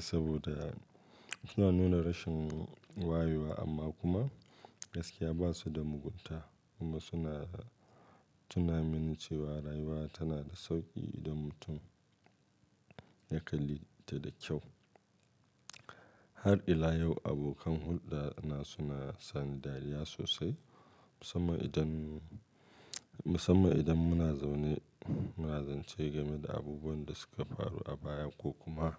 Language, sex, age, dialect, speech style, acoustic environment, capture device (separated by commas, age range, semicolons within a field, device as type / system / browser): Hausa, male, 35-44, Nigeria - Kano, focused, silence, mobile / Linux / Chrome